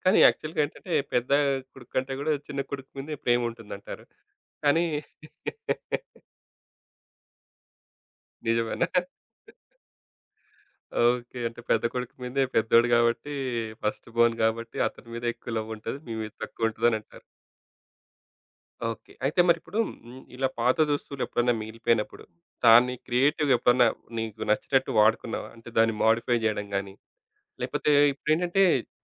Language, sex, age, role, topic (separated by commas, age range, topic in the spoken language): Telugu, male, 35-39, host, పాత దుస్తులను మీరు ఎలా తిరిగి వాడుకుంటారు లేదా కొత్తగా మార్చుకుంటారు?